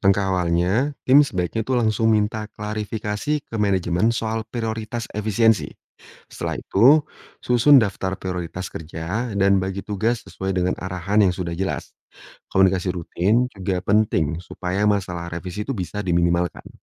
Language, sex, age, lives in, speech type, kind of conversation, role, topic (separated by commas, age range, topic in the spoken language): Indonesian, male, 30-34, Indonesia, read, expert-assistant, expert, Bagaimana Menentukan dan Mengkomunikasikan Standar Efisiensi dalam Tim?